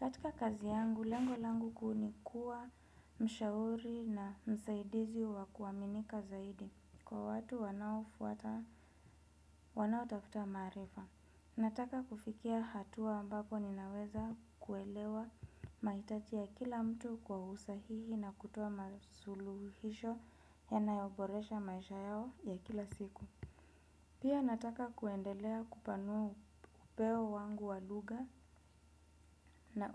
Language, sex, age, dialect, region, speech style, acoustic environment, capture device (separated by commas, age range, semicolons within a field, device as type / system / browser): Swahili, female, 25-34, Kenya - Nairobi Sheng-influenced, Kenya, relaxed, nature; appliances; people talking, desktop / Windows / Chrome